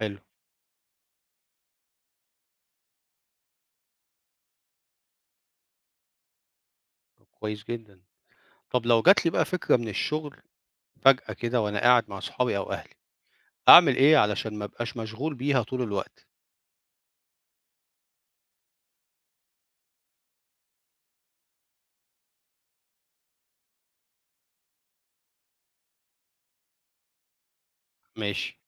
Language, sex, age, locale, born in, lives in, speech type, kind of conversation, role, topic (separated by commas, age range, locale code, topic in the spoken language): Arabic, male, 50-54, ar-EG, Egypt, Portugal, read, expert-assistant, asker, ما هي أهمية احترام الوقت في النجاح الشخصي؟